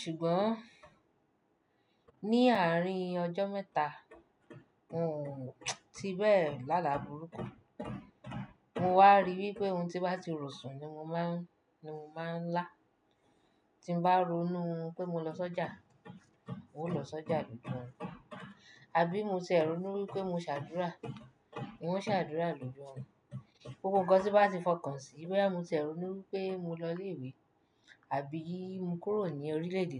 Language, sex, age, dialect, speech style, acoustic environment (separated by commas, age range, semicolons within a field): Yoruba, female, 25-34, Nigeria - Nigerian Standard, relaxed, nature